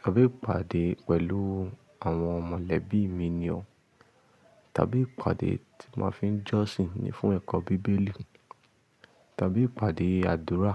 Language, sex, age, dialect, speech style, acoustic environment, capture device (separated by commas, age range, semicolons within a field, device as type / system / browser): Yoruba, male, 25-34, Nigeria - Ibadan, happy, silence, mobile / Linux / Chrome